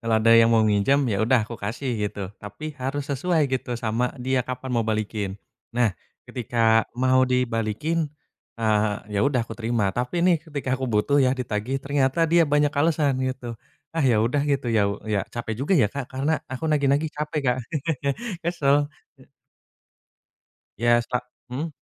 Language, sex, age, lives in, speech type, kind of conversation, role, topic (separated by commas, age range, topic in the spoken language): Indonesian, male, 25-29, Indonesia, conversation, unstructured, none, Bagaimana perasaanmu jika temanmu sering meminjam uang tetapi jarang mengembalikannya?